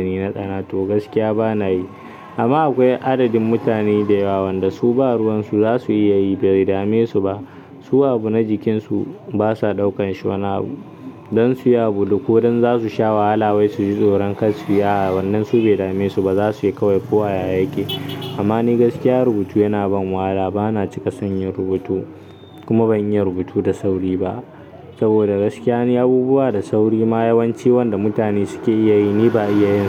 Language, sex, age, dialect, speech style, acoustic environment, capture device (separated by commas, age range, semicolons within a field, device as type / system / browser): Hausa, male, 18-24, Nigeria - Kano, focused, nature, mobile / Linux / Chrome